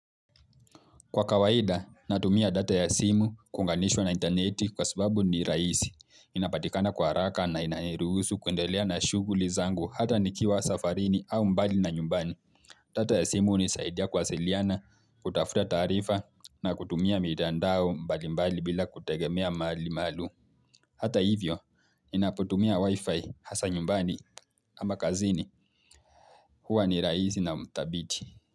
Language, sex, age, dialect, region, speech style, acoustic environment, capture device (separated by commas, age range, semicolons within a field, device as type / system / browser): Swahili, male, 18-24, Kenya - Mombasa, Kenya, relaxed, silence, mobile / Linux / Chrome